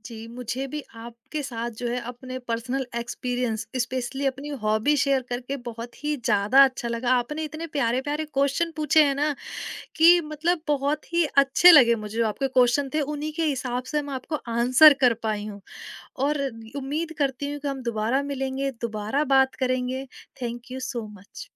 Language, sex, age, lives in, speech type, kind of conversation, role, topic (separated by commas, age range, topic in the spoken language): Hindi, female, 30-34, India, conversation, podcast, guest, Kaunsi hobby tumhe phone se door le jaati hai aur kyu?